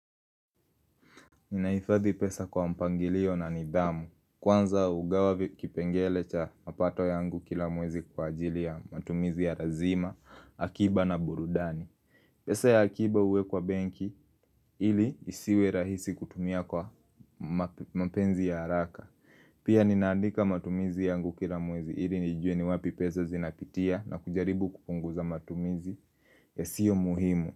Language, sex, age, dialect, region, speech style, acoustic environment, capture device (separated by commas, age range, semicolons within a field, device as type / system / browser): Swahili, male, 18-24, Kenya - Nairobi Sheng-influenced, Kenya, happy; nervous; excited; focused, people talking; appliances; music; nature, mobile / Linux / Chrome